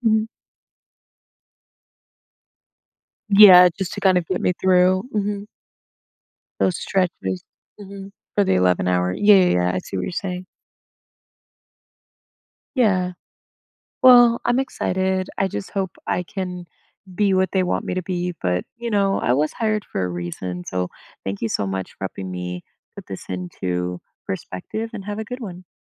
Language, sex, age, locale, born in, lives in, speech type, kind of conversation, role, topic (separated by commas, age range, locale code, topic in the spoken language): English, female, 25-29, en-US, United States, United States, conversation, advice, user, How can I prepare for my new job?